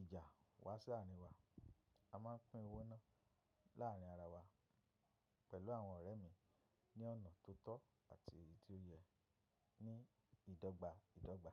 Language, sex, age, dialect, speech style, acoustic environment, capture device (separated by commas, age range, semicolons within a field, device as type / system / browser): Yoruba, male, 25-34, Nigeria - Ibadan, happy; relaxed; excited; focused, silence, mobile / macOS / Safari